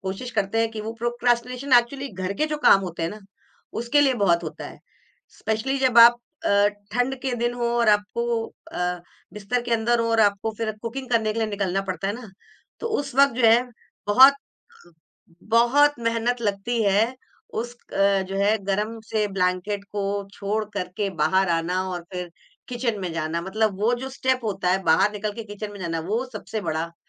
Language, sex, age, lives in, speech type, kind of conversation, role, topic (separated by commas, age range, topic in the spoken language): Hindi, female, 45-49, India, conversation, podcast, guest, Jab tum kaam टालते हो, toh uss aadat se bahar nikalne ke liye tum kya karte ho?